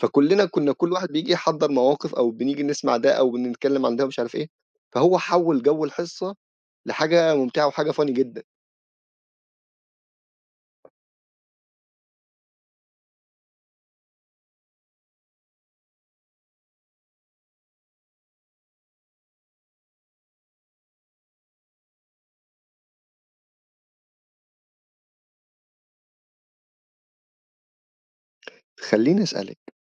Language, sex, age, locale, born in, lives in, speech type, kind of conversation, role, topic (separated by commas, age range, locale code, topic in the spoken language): Arabic, male, 25-29, ar-EG, Egypt, Egypt, conversation, podcast, host, إزاي تخلّي شغلة عادية تبقى وقت حلو ومليان فرح؟